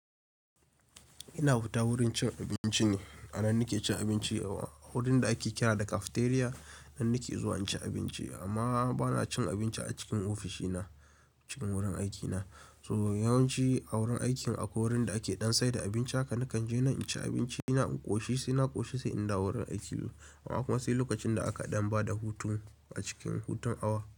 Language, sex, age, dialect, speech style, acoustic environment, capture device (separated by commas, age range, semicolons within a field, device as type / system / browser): Hausa, male, 18-24, Nigeria - Kano, focused, appliances; nature; silence, mobile / Linux / Chrome